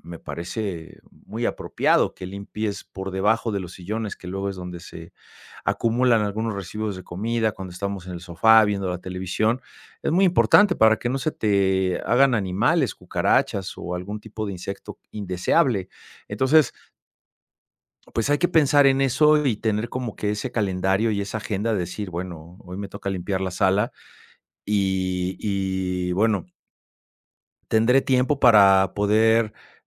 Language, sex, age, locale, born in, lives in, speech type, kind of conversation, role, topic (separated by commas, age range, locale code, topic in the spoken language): Spanish, male, 50-54, es-MX, Mexico, Mexico, conversation, advice, advisor, ¿Cómo puedo priorizar mis tareas clave cuando no sé qué es urgente o importante?